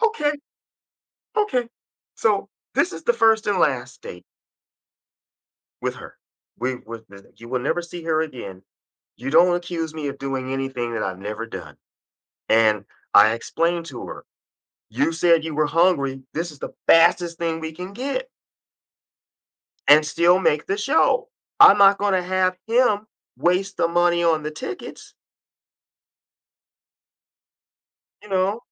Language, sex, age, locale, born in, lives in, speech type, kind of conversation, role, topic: English, male, 55-59, en-US, United States, United States, conversation, podcast, guest, Why do shared meals play such an important role in building connections between people?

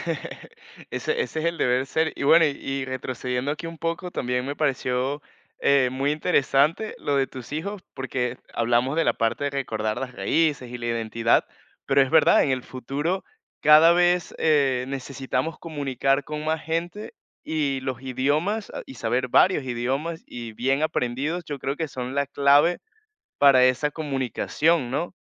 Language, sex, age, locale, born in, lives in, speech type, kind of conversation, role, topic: Spanish, male, 20-24, es-VE, Venezuela, Portugal, conversation, podcast, host, ¿Qué idioma te hace sentir más tú mismo?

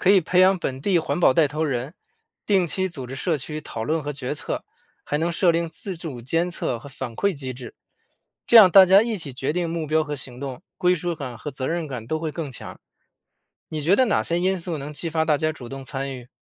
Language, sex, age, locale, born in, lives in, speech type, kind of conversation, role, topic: Chinese, male, 35-39, zh-CN, China, United States, read, expert-assistant, expert, 在野生动物保护中，哪些物种的保护最应该被优先考虑？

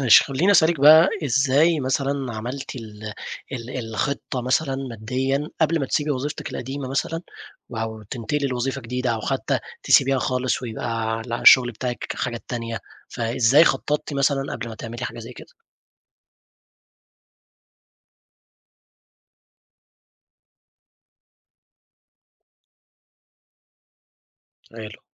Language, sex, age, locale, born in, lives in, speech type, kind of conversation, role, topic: Arabic, male, 20-24, ar-EG, Egypt, Egypt, conversation, podcast, host, إزاي خططت مادّيًا قبل ما تسيب شغلك القديم؟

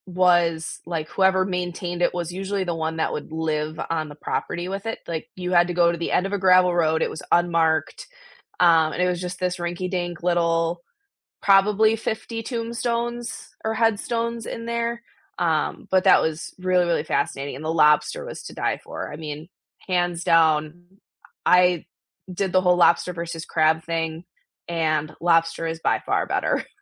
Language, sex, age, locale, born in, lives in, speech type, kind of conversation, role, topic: English, female, 25-29, en-US, United States, United States, conversation, unstructured, none, These days, do you reach for an e-reader or a physical book, and what experiences shaped that choice?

